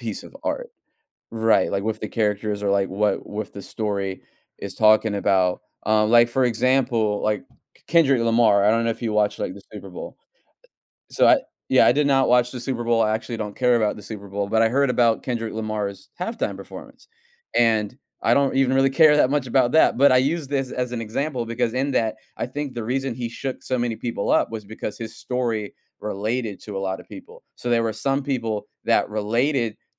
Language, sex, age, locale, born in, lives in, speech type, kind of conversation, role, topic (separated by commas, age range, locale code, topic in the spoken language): English, male, 30-34, en-US, United States, United States, conversation, podcast, guest, What makes certain stories connect with people all around the world?